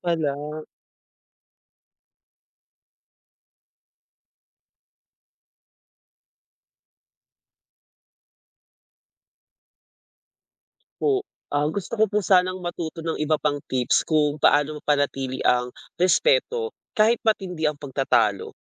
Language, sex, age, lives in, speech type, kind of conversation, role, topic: Filipino, male, 25-29, Philippines, read, expert-assistant, asker, Bakit mahalaga ang paggalang sa opinyon ng iba sa isang talakayan?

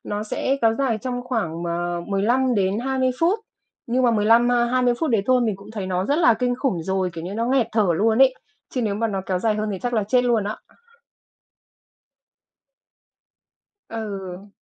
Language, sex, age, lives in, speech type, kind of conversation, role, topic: Vietnamese, female, 45-49, Vietnam, conversation, advice, user, Vì sao tôi thường bị hoảng loạn hoặc tim đập nhanh khi căng thẳng?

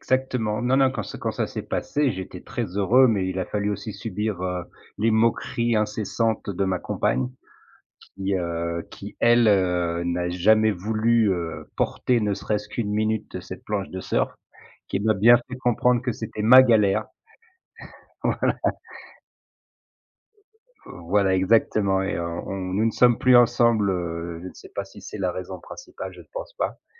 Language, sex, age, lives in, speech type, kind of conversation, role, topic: French, male, 40-44, France, conversation, podcast, guest, Peux-tu me raconter une grosse galère de voyage ?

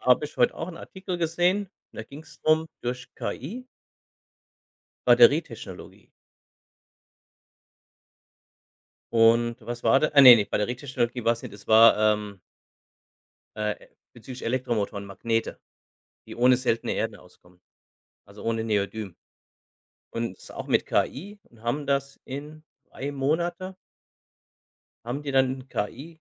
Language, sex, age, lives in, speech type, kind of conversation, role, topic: German, male, 45-49, Germany, conversation, unstructured, none, Was findest du an neuen Erfindungen am spannendsten?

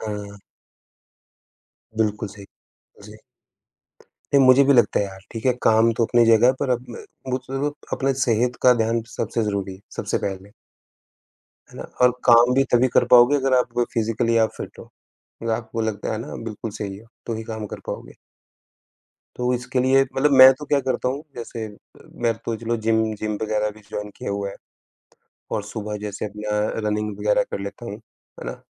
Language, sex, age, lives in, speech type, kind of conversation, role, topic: Hindi, male, 35-39, India, conversation, unstructured, none, क्या फिट रहने के लिए जिम जाना ज़रूरी है या घर पर रहकर भी फिट रहा जा सकता है?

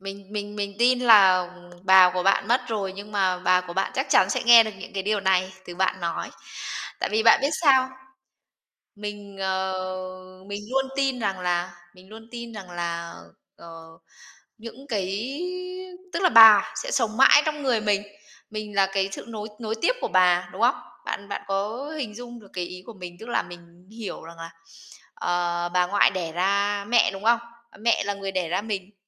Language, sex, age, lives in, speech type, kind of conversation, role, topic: Vietnamese, female, 30-34, Vietnam, conversation, podcast, host, Bạn từng hối tiếc điều gì và đã học được bài học gì từ điều đó?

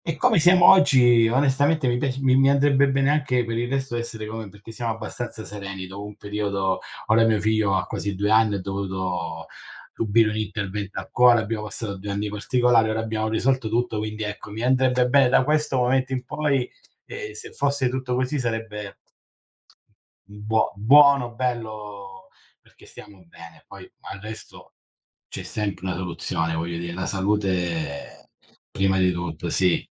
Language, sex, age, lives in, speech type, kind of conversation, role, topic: Italian, male, 40-44, Italy, conversation, unstructured, none, Come immagini la tua vita tra dieci anni?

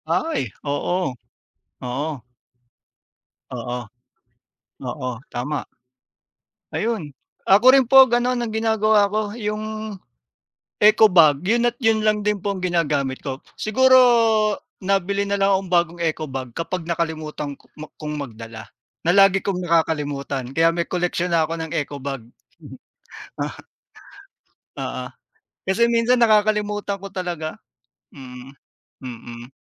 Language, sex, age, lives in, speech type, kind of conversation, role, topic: Filipino, male, 40-44, Philippines, conversation, unstructured, none, Paano makatutulong ang pagre-recycle sa pagbabawas ng basura?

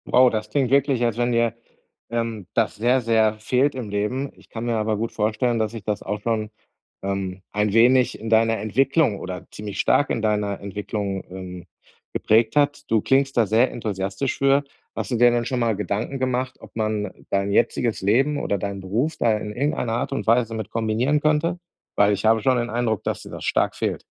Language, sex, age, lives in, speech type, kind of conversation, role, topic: German, male, 40-44, Germany, conversation, advice, advisor, Wie kann ich durch ehrenamtliches Engagement vor Ort mehr Sinn in meinem Leben finden?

